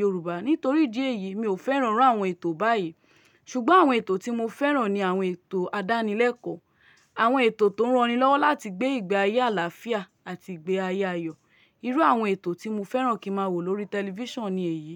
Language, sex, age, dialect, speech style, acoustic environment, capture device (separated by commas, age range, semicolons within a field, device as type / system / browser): Yoruba, female, 25-34, Nigeria - Lagos, happy, nature, mobile / Linux / Chrome